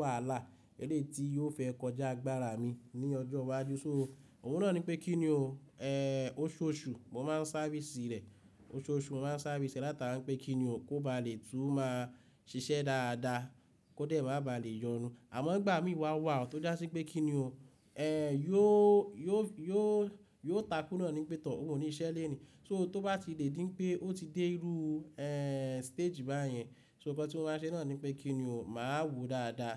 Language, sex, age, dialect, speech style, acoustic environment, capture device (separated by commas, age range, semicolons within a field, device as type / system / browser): Yoruba, male, 25-34, Nigeria - Ibadan, nervous, nature, mobile / Linux / Chrome